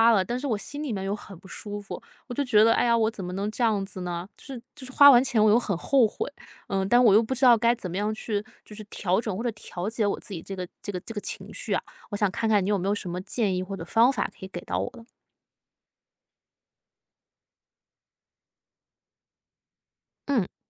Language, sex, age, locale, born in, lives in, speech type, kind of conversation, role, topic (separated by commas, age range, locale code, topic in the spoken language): Chinese, female, 25-29, zh-CN, China, United States, conversation, advice, user, 我消费后常常感到内疚或后悔，该怎么办？